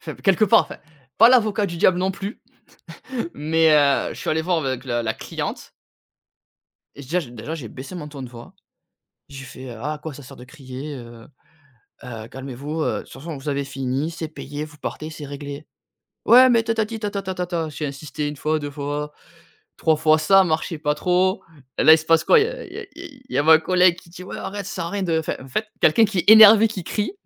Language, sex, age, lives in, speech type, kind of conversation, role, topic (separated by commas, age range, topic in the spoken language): French, male, 30-34, France, conversation, podcast, guest, Qu’est-ce qui te semble le plus important dans les gestes qui montrent qu’on écoute ?